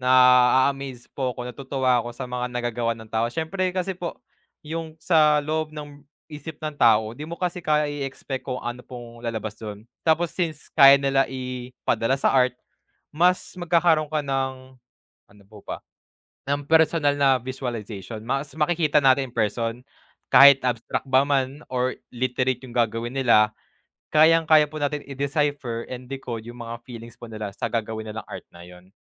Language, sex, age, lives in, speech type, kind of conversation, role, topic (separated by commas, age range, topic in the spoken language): Filipino, male, 20-24, Philippines, conversation, unstructured, none, Ano ang paborito mong asignatura sa paaralan?